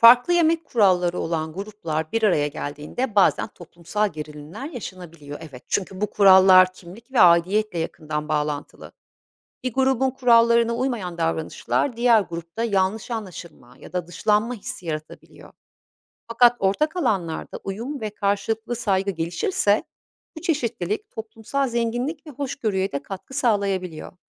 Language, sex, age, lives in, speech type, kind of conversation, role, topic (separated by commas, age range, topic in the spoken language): Turkish, female, 50-54, Italy, read, expert-assistant, expert, Farklı dinlerde yemekle ilgili kurallar neden bu kadar değişiyor?